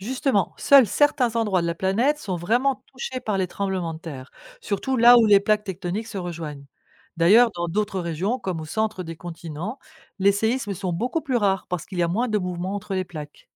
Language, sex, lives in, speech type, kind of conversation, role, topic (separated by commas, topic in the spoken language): French, female, France, read, expert-assistant, expert, Qu’est-ce qui provoque les tremblements de terre ?